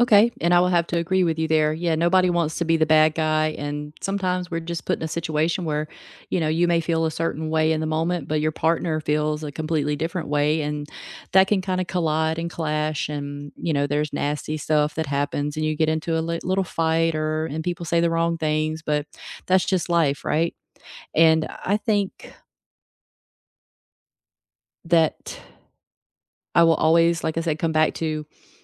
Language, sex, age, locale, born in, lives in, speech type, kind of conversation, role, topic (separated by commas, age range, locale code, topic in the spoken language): English, female, 35-39, en-US, United States, United States, conversation, unstructured, none, How do you recharge after a stressful day?